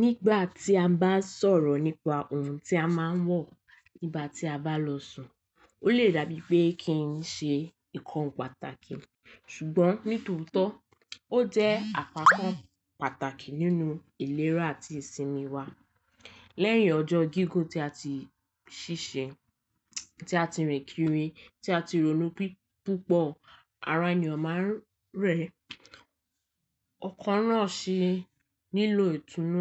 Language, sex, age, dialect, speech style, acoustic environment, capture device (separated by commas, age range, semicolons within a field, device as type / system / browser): Yoruba, female, 18-24, Nigeria - Lagos Yoruba, happy, nature, mobile / Linux / Chrome